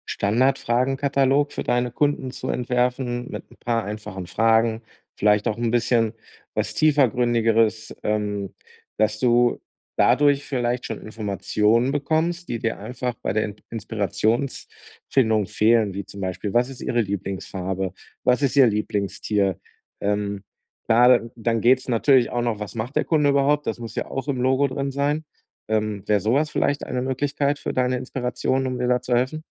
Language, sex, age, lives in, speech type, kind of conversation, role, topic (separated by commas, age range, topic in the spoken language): German, male, 40-44, Germany, conversation, advice, advisor, Wie finde ich gute Inspiration, ohne von der Informationsflut überwältigt zu werden?